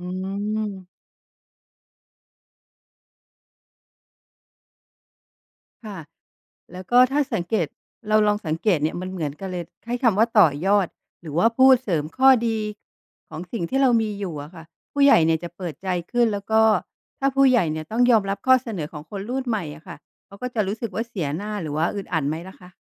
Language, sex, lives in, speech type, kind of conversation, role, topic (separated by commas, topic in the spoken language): Thai, female, Thailand, read, expert-assistant, asker, คนไทยนิยมทำธุรกิจแบบครอบครัวเพราะอะไร และวิธีคิดนี้มีผลต่อกลยุทธ์ยังไง